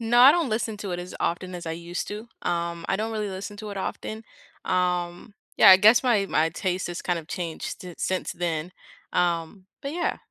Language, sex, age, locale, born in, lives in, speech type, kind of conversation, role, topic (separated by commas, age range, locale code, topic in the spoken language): English, female, 18-19, en-US, United States, United States, conversation, unstructured, none, Which series or artist first sparked your obsession, and how did it shape your tastes?